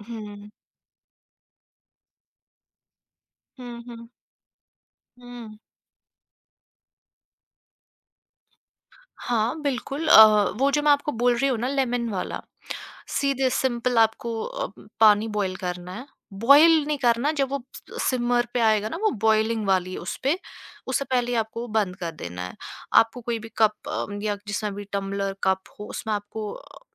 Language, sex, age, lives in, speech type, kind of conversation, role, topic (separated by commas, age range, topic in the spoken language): Hindi, female, 25-29, India, conversation, podcast, guest, Subah ka kya koi chhota sa ritual hai jo tumhara mood set kar deta hai?